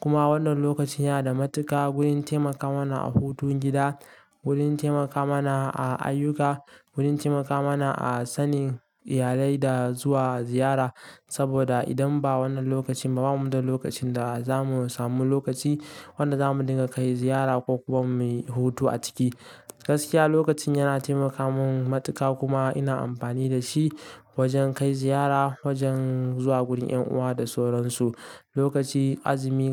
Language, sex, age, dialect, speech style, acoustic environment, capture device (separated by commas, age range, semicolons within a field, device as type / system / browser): Hausa, male, 18-24, Nigeria - Kano, relaxed, nature; silence, mobile / Linux / Chrome